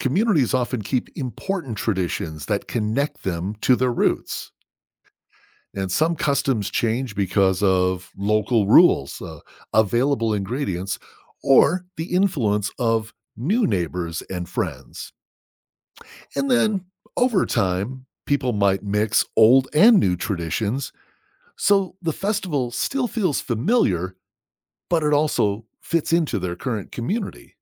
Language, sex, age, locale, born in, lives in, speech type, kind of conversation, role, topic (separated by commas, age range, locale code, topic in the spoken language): English, male, 50-54, en-US, United States, United States, read, expert-assistant, expert, How do festivals contribute to cultural exchange?